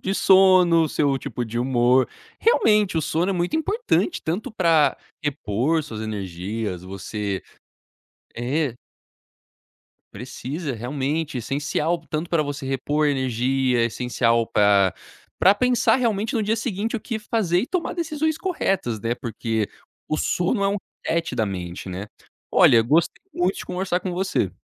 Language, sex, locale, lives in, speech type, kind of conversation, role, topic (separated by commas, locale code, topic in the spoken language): Portuguese, male, pt-BR, United States, conversation, podcast, host, Como você cuida do seu sono no dia a dia?